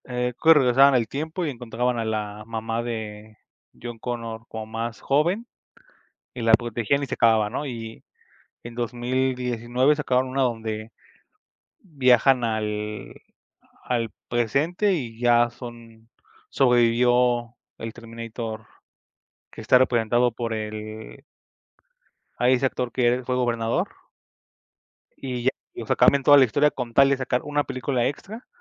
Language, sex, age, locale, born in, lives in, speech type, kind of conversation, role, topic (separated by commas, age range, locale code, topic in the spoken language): Spanish, male, 25-29, es-MX, Mexico, Mexico, conversation, unstructured, none, ¿Crees que las películas repetitivas cansan al público?